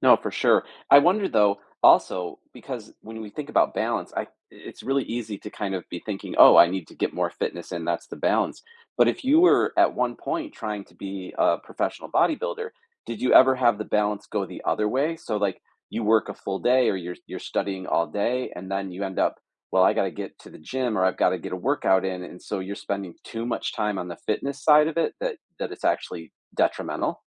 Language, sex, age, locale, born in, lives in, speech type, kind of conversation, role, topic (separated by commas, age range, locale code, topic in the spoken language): English, male, 45-49, en-US, United States, United States, conversation, podcast, host, What are effective ways to stay active when life gets busy?